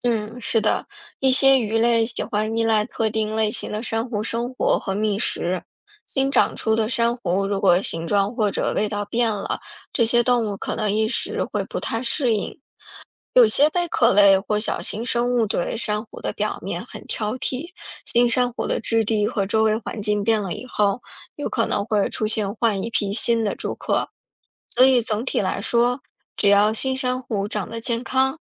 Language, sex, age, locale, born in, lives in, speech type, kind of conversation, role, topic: Chinese, female, 35-39, zh-CN, China, United States, read, expert-assistant, expert, 请解释珊瑚礁是如何形成的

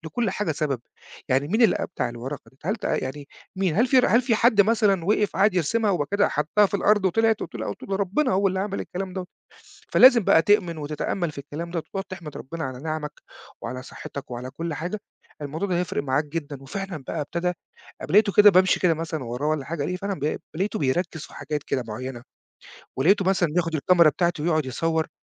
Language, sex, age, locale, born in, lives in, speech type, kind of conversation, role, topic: Arabic, male, 40-44, ar-EG, Egypt, Portugal, conversation, podcast, guest, إزاي بتخلّي التأمل جزء من روتينك اليومي؟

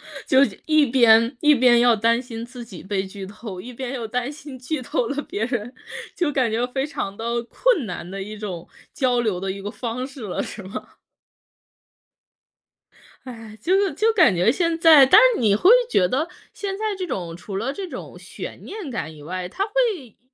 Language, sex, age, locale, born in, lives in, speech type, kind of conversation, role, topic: Chinese, female, 30-34, zh-CN, China, United States, conversation, podcast, host, 流媒体是否改变了我们追剧的方式？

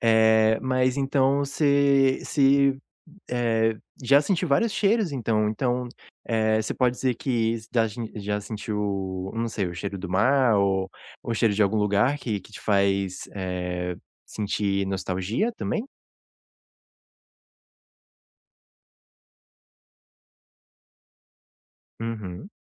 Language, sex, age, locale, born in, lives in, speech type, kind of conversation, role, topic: Portuguese, male, 20-24, pt-BR, Brazil, United States, conversation, podcast, host, Qual cheiro que sai do forno faz você sorrir imediatamente?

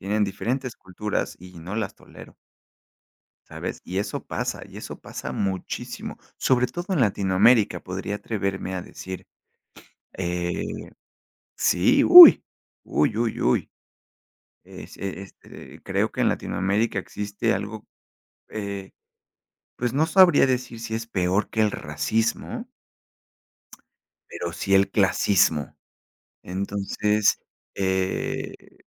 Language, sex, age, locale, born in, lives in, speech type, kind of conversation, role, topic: Spanish, male, 30-34, es-MX, Mexico, Mexico, conversation, unstructured, none, ¿Crees que deberíamos aprender más habilidades prácticas en la escuela?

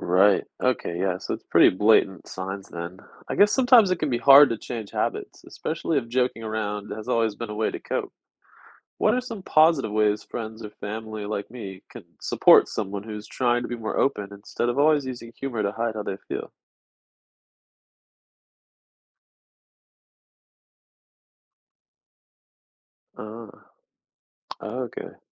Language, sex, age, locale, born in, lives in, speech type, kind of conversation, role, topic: English, male, 30-34, en-US, United States, United States, read, expert-assistant, asker, How does humor help us deal with challenges?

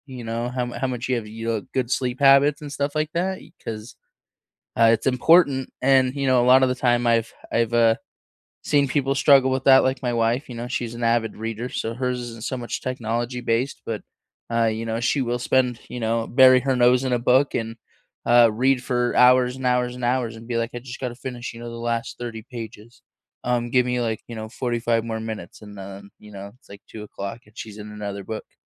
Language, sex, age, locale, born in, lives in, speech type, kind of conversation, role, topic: English, male, 25-29, en-US, United States, United States, conversation, unstructured, none, What everyday habits and small gestures help you stay meaningfully connected with the people you care about?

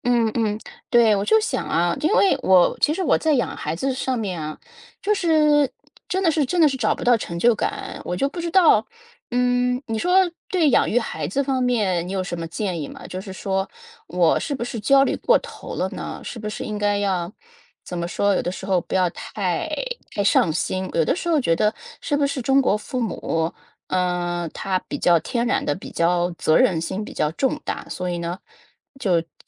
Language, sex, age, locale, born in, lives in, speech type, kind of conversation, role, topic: Chinese, female, 35-39, zh-CN, China, United States, conversation, advice, user, 养育孩子后为什么会觉得自己失去了自我价值感？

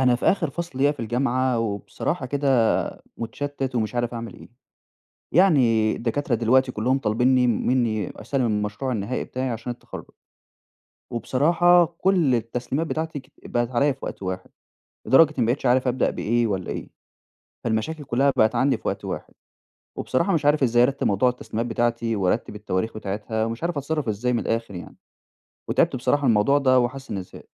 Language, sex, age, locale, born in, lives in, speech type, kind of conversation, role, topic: Arabic, male, 20-24, ar-EG, Egypt, Egypt, conversation, advice, user, إزاي بتتصرف لما تلاقي كذا موعد تسليم متكدّس عليك في نفس الوقت؟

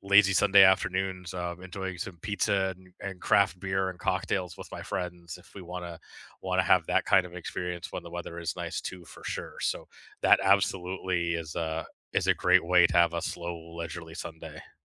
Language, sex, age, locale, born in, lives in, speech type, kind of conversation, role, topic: English, male, 40-44, en-CA, Canada, Canada, conversation, unstructured, none, What does your perfect slow Sunday look like, from wake-up to wind-down, and how does it recharge you?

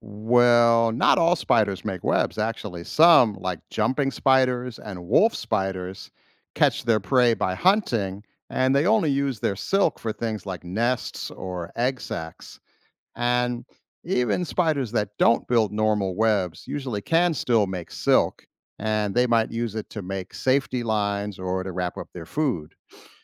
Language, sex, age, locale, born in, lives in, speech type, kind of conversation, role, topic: English, male, 50-54, en-US, United States, United States, read, expert-assistant, expert, How do spiders spin webs?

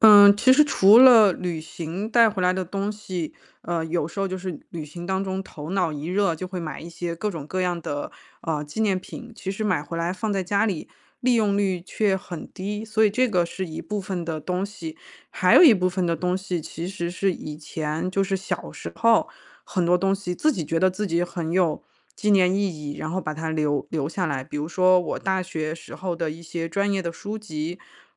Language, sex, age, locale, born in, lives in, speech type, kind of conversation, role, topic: Chinese, female, 35-39, zh-CN, China, United States, conversation, advice, user, 想尝试极简生活，却担心丢掉有回忆的物品，该怎么办？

